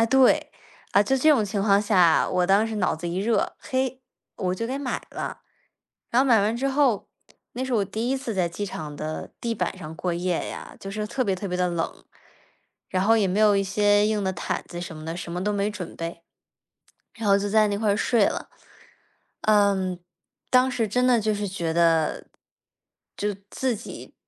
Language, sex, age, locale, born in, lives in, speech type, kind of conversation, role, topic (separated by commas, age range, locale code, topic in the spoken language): Chinese, female, 20-24, zh-CN, China, United States, conversation, unstructured, none, 你有没有因为恋爱做过什么疯狂的事？